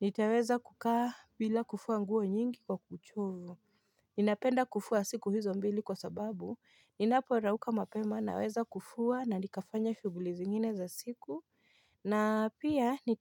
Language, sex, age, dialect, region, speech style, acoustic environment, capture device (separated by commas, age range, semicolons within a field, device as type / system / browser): Swahili, female, 25-34, Kenya - Nairobi Sheng-influenced, Kenya, relaxed; focused, silence, mobile / Linux / Chrome